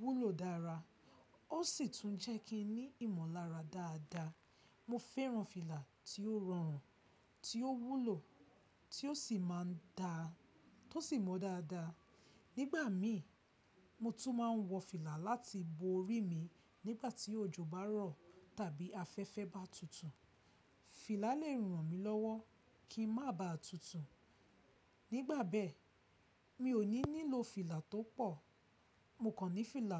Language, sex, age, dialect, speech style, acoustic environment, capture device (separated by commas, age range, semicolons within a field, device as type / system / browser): Yoruba, female, 25-34, Nigeria - Lagos Yoruba, excited, silence, mobile / Linux / Chrome